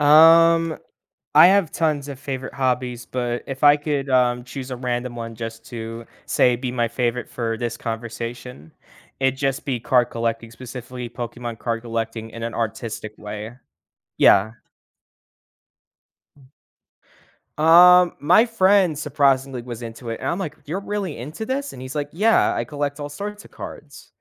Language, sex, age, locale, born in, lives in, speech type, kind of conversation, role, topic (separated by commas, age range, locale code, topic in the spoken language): English, male, 18-19, en-US, United States, United States, conversation, podcast, guest, How has your favorite hobby shaped your life or perspective?